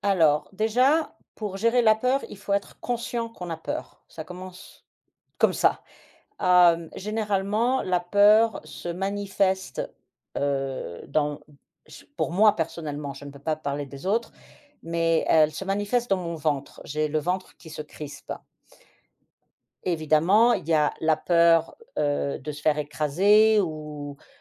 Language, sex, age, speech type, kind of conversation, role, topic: French, female, 50-54, conversation, podcast, guest, Franchement, comment tu gères la peur quand tu veux évoluer ?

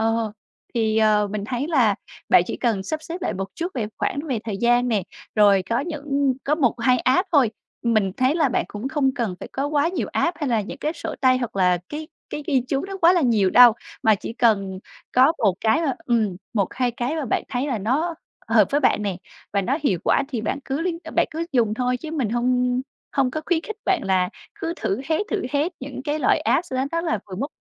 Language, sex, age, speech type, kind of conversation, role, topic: Vietnamese, female, 25-29, conversation, advice, advisor, Làm sao để lưu trữ và tổ chức ý tưởng hiệu quả?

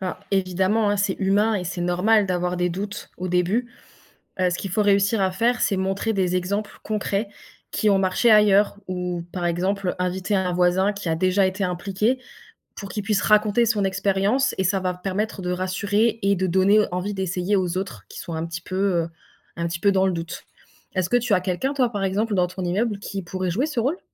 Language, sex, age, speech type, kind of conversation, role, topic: French, female, 20-24, read, expert-assistant, expert, Réduire notre empreinte carbone : quels efforts apportent le plus de bénéfices pour la planète et pour nous ?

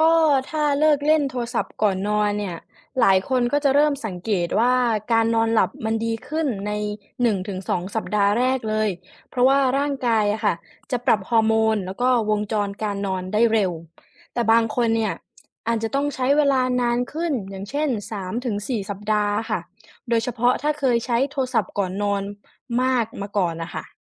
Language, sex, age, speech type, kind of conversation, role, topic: Thai, female, 20-24, read, expert-assistant, expert, ผลของการใช้โทรศัพท์มือถือก่อนนอนต่อคุณภาพการนอนหลับ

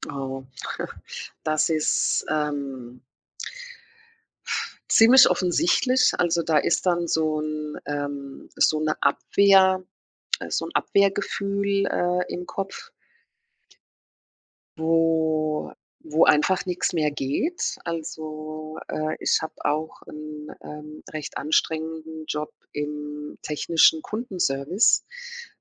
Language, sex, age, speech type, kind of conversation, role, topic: German, female, 50-54, conversation, podcast, guest, Wie entspannst du dich nach einem anstrengenden Tag?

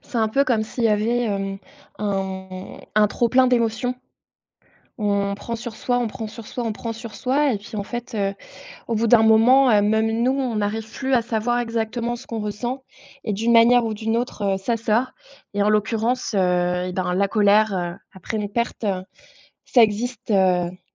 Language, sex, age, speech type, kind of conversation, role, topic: French, female, 30-34, conversation, unstructured, none, Pourquoi certaines personnes se mettent-elles en colère après une perte ?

